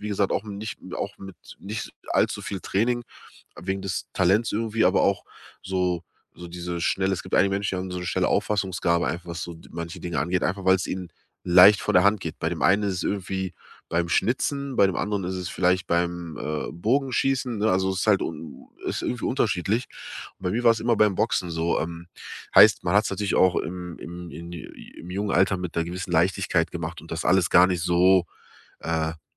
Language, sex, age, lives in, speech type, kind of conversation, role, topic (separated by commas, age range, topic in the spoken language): German, male, 30-34, Germany, conversation, advice, user, Was sollte mein nächster Schritt sein, um eine neue Gewohnheit zu entwickeln?